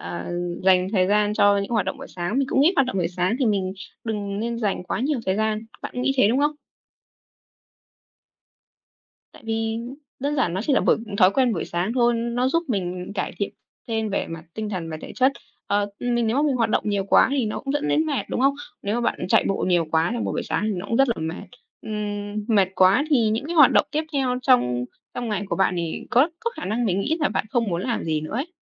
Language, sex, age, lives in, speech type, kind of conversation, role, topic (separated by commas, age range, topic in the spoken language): Vietnamese, female, 18-19, Vietnam, conversation, unstructured, none, Bạn thường bắt đầu ngày mới với thói quen gì?